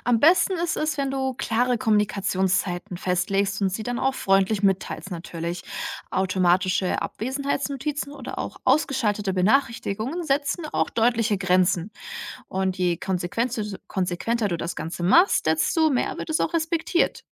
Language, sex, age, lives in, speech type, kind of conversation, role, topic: German, female, 60-64, Germany, read, expert-assistant, expert, Wie gelingt es, nach der Arbeit besser abzuschalten?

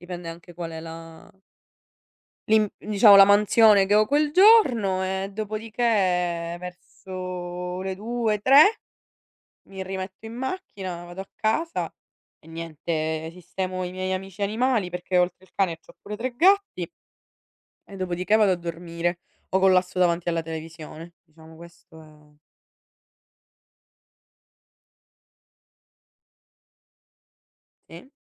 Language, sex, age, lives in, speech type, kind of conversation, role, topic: Italian, female, 60-64, Italy, conversation, unstructured, none, Come organizzi di solito la tua giornata?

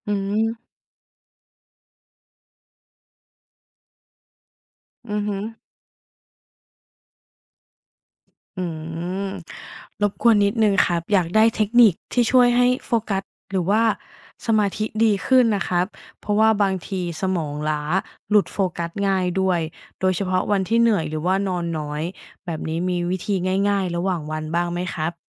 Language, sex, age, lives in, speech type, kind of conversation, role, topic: Thai, female, 35-39, Thailand, read, expert-assistant, asker, การนอนหลับสำคัญต่อการเป็นตัวตนของเราอย่างไร? ถ้าขาดการนอนหลับ เราจะยังเป็นคนเดิมอยู่หรือไม่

